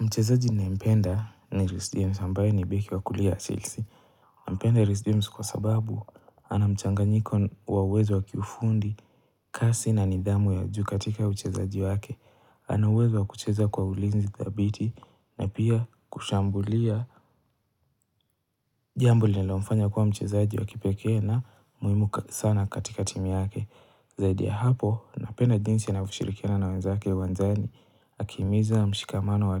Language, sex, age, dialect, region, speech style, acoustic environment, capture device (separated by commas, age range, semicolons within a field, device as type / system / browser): Swahili, male, 18-24, Kenya - Mombasa, Kenya, relaxed, nature, mobile / Linux / Chrome